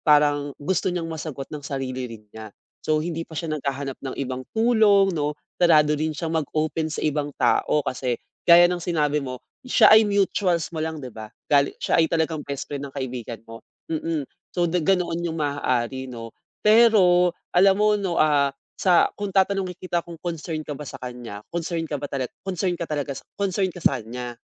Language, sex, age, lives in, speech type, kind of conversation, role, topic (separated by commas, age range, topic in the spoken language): Filipino, male, 25-29, Philippines, conversation, advice, advisor, Paano ako makakaiwas sa labis na pagkapagod habang tumutulong sa iba?